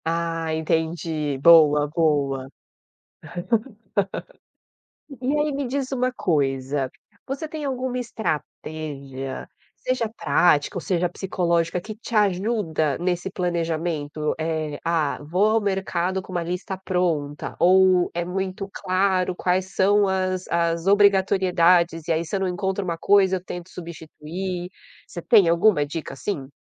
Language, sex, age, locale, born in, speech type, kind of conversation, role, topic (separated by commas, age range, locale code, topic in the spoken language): Portuguese, female, 30-34, pt-BR, Brazil, conversation, podcast, host, Como você planeja as refeições da semana inteira?